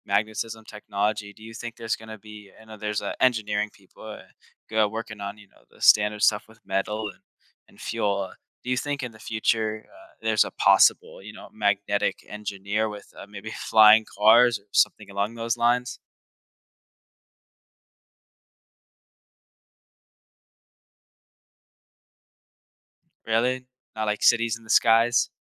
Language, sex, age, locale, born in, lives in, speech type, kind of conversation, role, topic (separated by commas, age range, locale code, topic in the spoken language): English, male, 18-19, en-US, United States, United States, conversation, podcast, host, How is technology reshaping the way we work and the skills we need for the future?